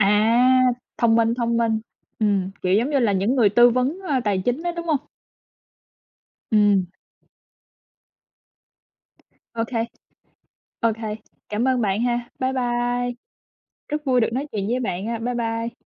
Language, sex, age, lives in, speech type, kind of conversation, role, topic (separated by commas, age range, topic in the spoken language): Vietnamese, female, 25-29, United States, conversation, unstructured, none, Bạn sẽ làm gì nếu bất ngờ nhận được một khoản tiền lớn?